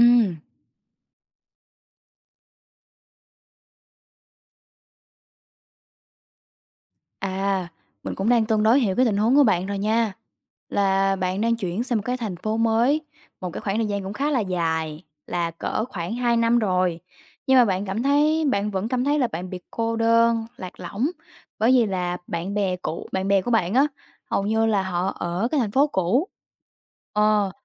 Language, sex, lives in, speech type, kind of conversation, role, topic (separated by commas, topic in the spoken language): Vietnamese, female, Vietnam, conversation, advice, advisor, Bạn nên làm gì để kết bạn mới dễ hơn sau khi chuyển đến nơi ở mới?